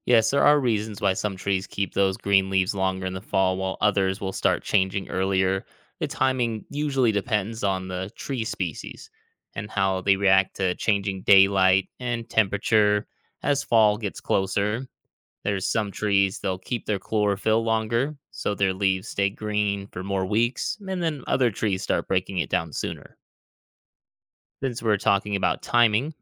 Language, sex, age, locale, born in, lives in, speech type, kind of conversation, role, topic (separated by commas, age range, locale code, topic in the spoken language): English, male, 35-39, en-US, United States, United States, read, expert-assistant, expert, Why do leaves change color in the fall?